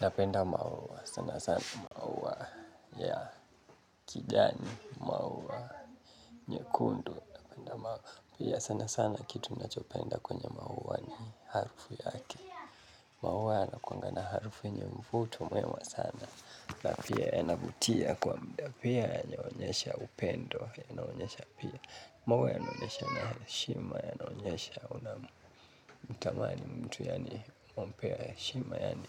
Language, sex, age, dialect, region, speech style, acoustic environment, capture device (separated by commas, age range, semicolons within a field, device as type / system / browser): Swahili, male, 18-24, Kenya - Nairobi Sheng-influenced, Kenya, relaxed, appliances, mobile / Linux / Chrome